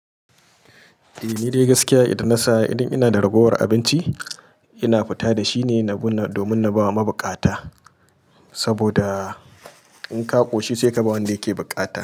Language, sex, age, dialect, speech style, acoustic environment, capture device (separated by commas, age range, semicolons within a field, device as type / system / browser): Hausa, male, 35-44, Nigeria - Kano, focused; happy, silence, mobile / Linux / Chrome